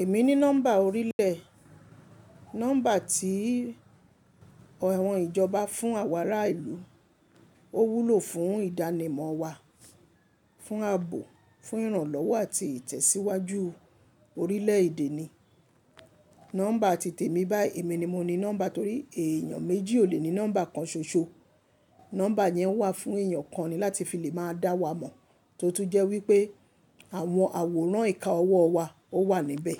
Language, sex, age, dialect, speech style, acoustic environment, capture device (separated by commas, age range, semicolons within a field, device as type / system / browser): Yoruba, female, 25-34, Nigeria - Lagos Yoruba, happy, people talking; appliances, mobile / Linux / Chrome